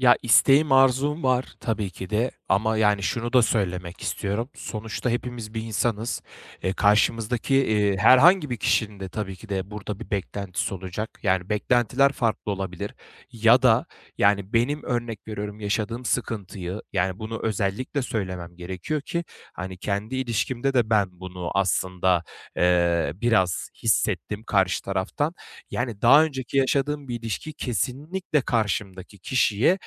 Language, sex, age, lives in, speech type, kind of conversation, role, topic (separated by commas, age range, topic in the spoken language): Turkish, male, 25-29, Bulgaria, conversation, advice, user, Eski ilişkimi unutamazken hızlıca yeni bir ilişkiye başlama isteğiyle nasıl başa çıkabilirim?